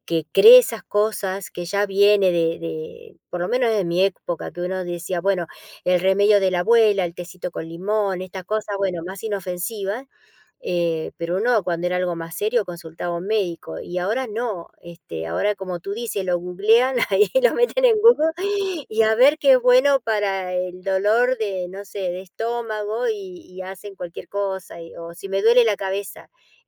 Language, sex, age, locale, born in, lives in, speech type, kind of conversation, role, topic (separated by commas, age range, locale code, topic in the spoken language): Spanish, female, 55-59, es-AR, Argentina, United States, conversation, unstructured, none, ¿Cómo crees que las noticias falsas afectan a la sociedad?